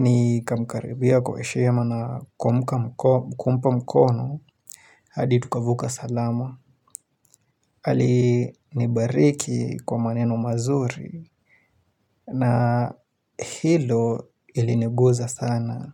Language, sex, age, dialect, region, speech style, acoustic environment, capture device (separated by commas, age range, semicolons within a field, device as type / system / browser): Swahili, male, 25-34, Kenya - Nairobi Sheng-influenced, Kenya, focused, silence, mobile / Linux / Chrome